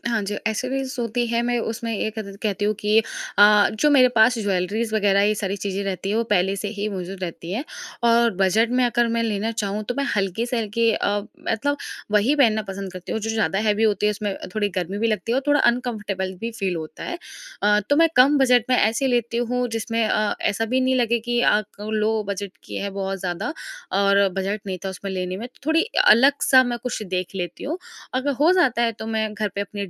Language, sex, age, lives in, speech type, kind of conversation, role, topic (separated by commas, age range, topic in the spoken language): Hindi, female, 20-24, India, conversation, podcast, guest, Fashion aur comfort ke beech tum kaise balance banate ho?